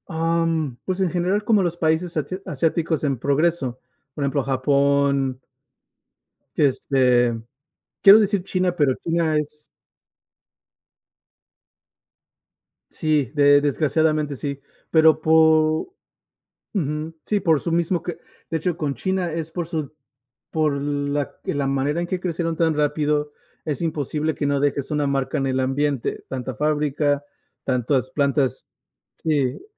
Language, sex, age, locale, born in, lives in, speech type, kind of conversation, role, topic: Spanish, male, 35-39, es-MX, United States, United States, conversation, unstructured, none, ¿El cambio climático es responsabilidad de todos o solo de algunos?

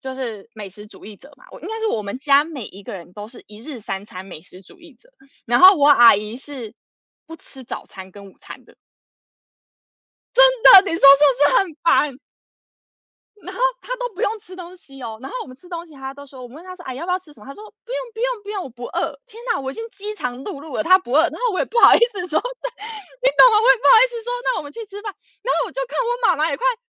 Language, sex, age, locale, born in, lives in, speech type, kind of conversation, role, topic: Chinese, female, 30-34, zh-TW, United States, United States, conversation, unstructured, none, 你觉得旅行中最难忘的经历是什么？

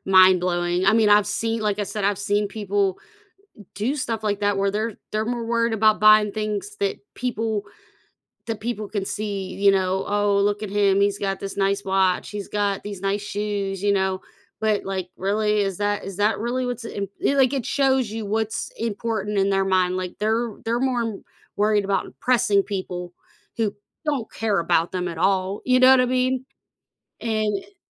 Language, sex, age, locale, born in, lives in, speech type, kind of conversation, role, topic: English, female, 35-39, en-US, United States, United States, conversation, unstructured, none, What lessons can we learn from observing other people's financial mistakes?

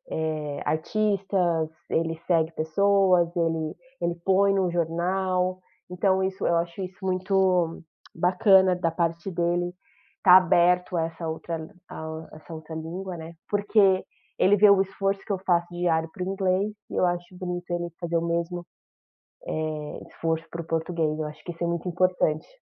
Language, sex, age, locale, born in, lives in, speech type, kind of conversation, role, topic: Portuguese, female, 35-39, pt-BR, Brazil, United States, conversation, podcast, guest, Que papel tem a língua da sua família na sua identidade?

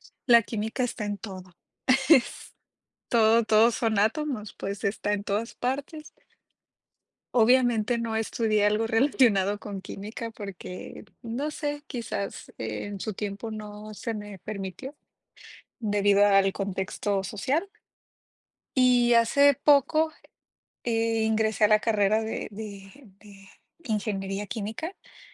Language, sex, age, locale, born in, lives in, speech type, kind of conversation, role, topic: Spanish, female, 30-34, es-MX, Mexico, Mexico, conversation, unstructured, none, ¿Cuál fue tu materia favorita en la escuela y por qué?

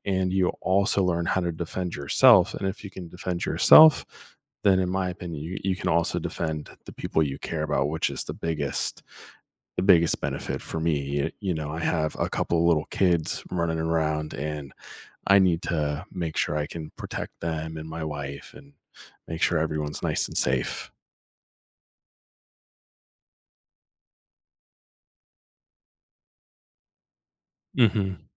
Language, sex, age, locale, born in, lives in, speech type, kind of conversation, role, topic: English, male, 30-34, en-US, United States, United States, conversation, podcast, guest, In what ways can regular physical activity improve our overall well-being?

